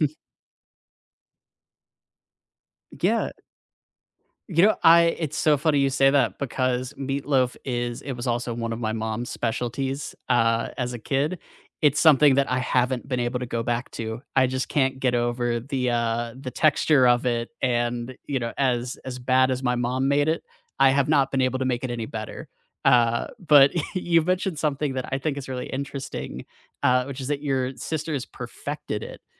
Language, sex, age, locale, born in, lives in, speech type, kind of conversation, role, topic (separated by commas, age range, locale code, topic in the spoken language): English, male, 25-29, en-US, United States, United States, conversation, unstructured, none, Which comfort foods warm your heart, and what memories or meanings make them special?